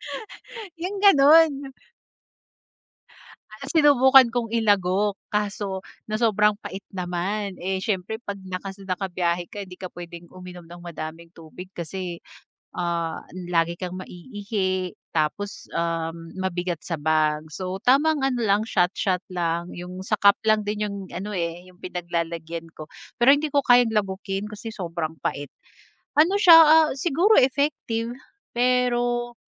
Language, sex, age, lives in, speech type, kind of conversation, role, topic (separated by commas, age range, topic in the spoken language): Filipino, female, 30-34, Philippines, conversation, podcast, guest, Ano ang sinasabi mo sa sarili mo kapag kinakabahan ka?